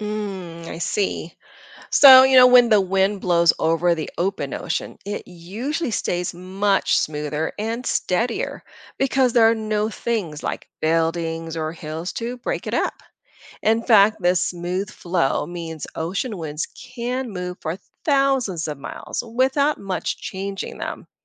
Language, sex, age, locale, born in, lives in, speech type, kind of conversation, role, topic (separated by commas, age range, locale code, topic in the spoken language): English, female, 50-54, en-US, Philippines, United States, read, expert-assistant, expert, What causes wind to blow?